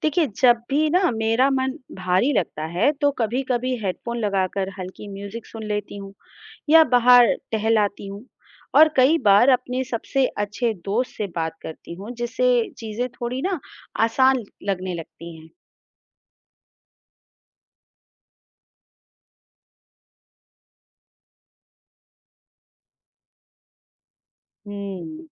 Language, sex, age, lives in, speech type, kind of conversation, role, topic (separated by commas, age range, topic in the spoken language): Hindi, female, 35-39, India, read, expert-assistant, asker, प्रेरणा की कमी होने पर क्या करें?